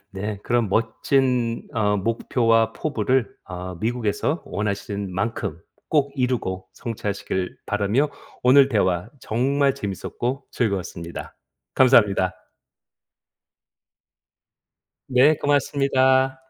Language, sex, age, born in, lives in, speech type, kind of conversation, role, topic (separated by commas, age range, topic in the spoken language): Korean, male, 50-54, South Korea, United States, conversation, podcast, host, 창작 아이디어는 보통 어디서 얻으시나요?